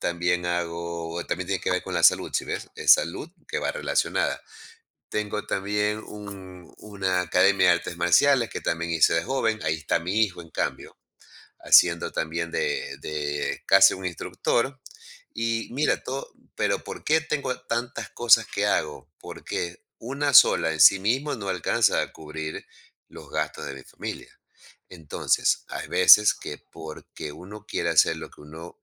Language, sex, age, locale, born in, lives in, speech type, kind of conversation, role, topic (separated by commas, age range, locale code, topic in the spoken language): Spanish, male, 55-59, es-EC, Ecuador, United States, conversation, podcast, guest, ¿Cómo equilibras tu trabajo comercial con tus proyectos personales?